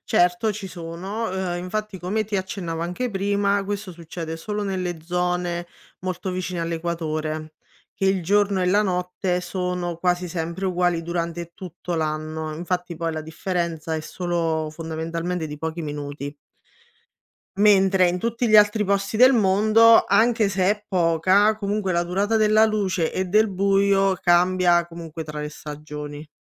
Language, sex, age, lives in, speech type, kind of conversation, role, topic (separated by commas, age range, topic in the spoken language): Italian, female, 30-34, Italy, read, expert-assistant, expert, Perché i giorni e le notti hanno durata diversa nelle stagioni?